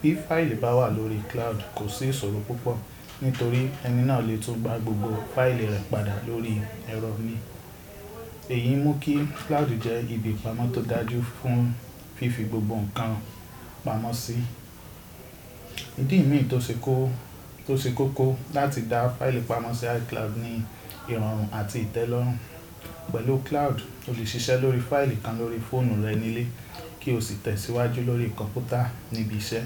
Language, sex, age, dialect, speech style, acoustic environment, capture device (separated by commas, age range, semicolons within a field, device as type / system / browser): Yoruba, male, 25-34, Nigeria - Ibadan, nervous; anxious, people talking; music, desktop / Windows / Chrome